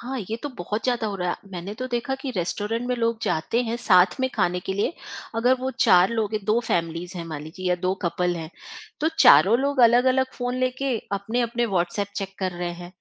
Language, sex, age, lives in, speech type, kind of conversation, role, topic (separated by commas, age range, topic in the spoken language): Hindi, female, 45-49, India, conversation, podcast, guest, Phone aur social media par tumhare rules aur boundaries kya hain?